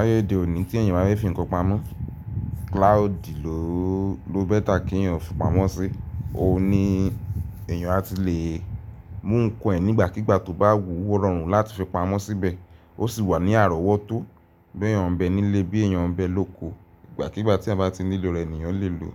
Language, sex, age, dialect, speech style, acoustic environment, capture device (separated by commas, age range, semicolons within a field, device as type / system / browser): Yoruba, male, 18-24, Nigeria - Ibadan, nervous, appliances, mobile / Linux / Chrome